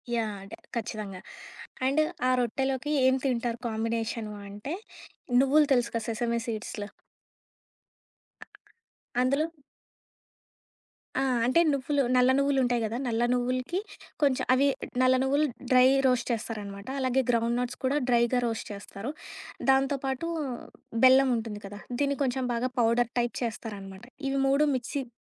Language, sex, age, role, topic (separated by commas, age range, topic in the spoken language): Telugu, female, 25-29, guest, పండుగలో మీ కుటుంబానికి ప్రత్యేకంగా ఉండే వంట ఏది?